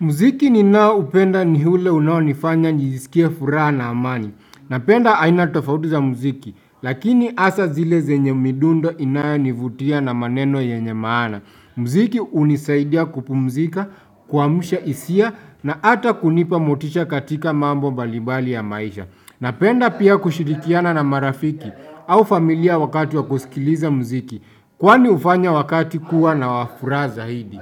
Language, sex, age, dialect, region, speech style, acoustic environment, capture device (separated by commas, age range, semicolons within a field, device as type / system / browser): Swahili, male, 25-34, Kenya - Nairobi Sheng-influenced, Kenya, happy; anxious; tired; nervous, silence, desktop / Windows / Chrome